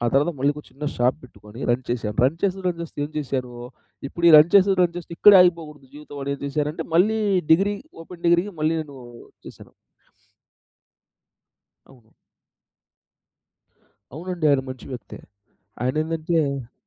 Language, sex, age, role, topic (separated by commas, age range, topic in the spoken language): Telugu, male, 30-34, guest, మార్గదర్శకత్వం లేకపోతే, మీరు స్వయంగా ఎలా ముందుకు సాగుతారు?